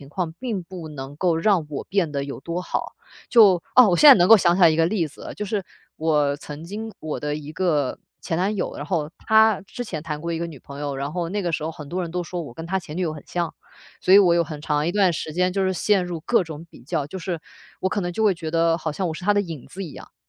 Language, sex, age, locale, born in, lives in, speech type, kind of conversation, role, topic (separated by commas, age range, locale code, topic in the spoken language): Chinese, female, 25-29, zh-CN, China, Germany, conversation, podcast, guest, 你怎样从比较中抽身出来？